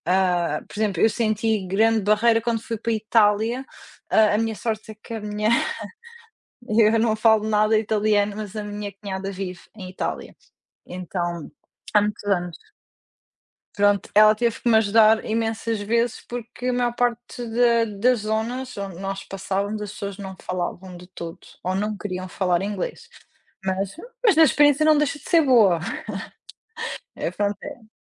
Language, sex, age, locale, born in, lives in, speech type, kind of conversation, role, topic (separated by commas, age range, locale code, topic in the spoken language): Portuguese, female, 30-34, pt-PT, Portugal, Portugal, conversation, unstructured, none, Qual é a melhor lembrança que você trouxe de uma viagem?